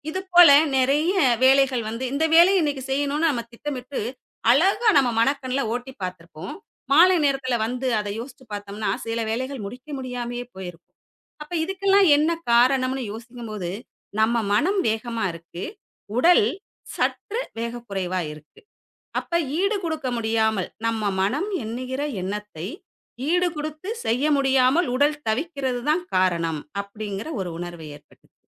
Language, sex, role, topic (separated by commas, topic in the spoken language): Tamil, female, guest, யோகா அல்லது தியானப் பயிற்சி தொடங்கிய அனுபவத்தைப் பற்றி சொல்ல முடியுமா?